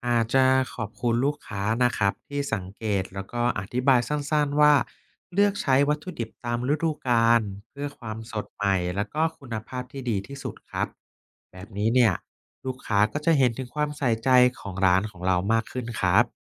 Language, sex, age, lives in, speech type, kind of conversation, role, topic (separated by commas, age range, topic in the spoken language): Thai, male, 30-34, Thailand, read, expert-assistant, expert, ทำอย่างไรเมื่อวัตถุดิบในการผลิตอาหารราคาแพงขึ้น แต่ยังต้องควบคุมคุณภาพให้ดี?